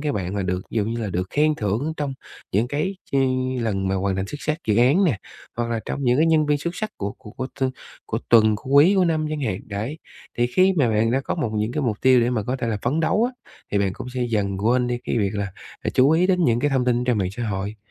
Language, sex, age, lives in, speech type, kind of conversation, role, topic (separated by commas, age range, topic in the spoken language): Vietnamese, male, 30-34, Vietnam, conversation, advice, advisor, Làm thế nào để tôi ngừng bị điện thoại và mạng xã hội làm phân tâm khi đang làm việc?